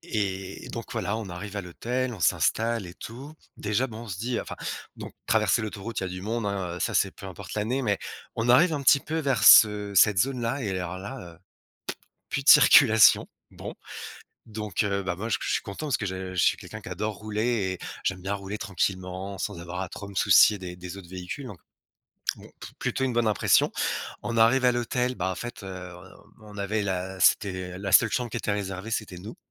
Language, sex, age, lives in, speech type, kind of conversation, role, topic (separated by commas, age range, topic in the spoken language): French, male, 35-39, France, conversation, podcast, guest, Quel est l’endroit où tu as trouvé la paix intérieure ?